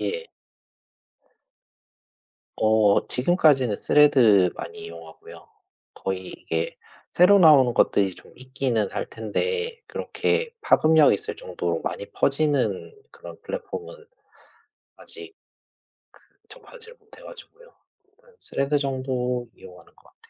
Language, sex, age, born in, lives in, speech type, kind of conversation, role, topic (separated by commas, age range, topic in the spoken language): Korean, male, 40-44, South Korea, Japan, conversation, unstructured, none, SNS와 블로그 중 어떤 플랫폼이 소통에 더 적합하다고 생각하시나요?